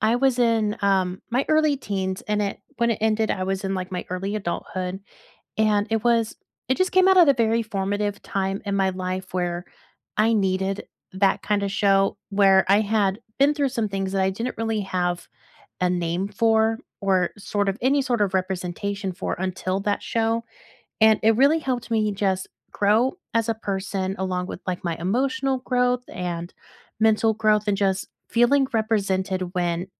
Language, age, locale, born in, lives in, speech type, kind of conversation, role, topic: English, 25-29, en-US, United States, United States, conversation, unstructured, none, What show or movie do you never get tired of rewatching, and why does it feel like home?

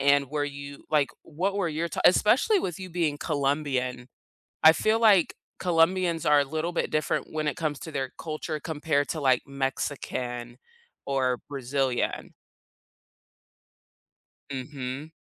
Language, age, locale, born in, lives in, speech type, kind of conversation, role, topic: English, 30-34, en-US, South Korea, United States, conversation, unstructured, none, What school or learning project are you most proud of, and why did it matter to you?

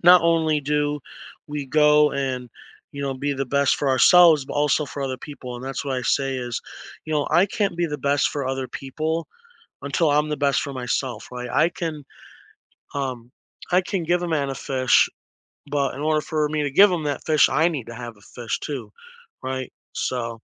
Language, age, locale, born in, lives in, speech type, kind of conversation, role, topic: English, 20-24, en-US, United States, United States, conversation, unstructured, none, What is a lesson you learned from a mistake you made?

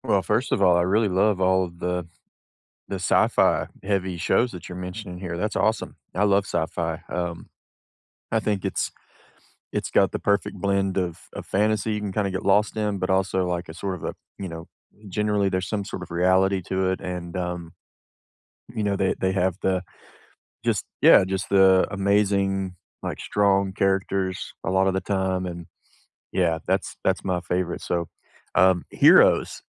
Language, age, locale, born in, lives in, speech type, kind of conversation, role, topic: English, 30-34, en-US, United States, United States, conversation, unstructured, none, Which show or movie do you rewatch to lift your mood, and what memories does it bring back?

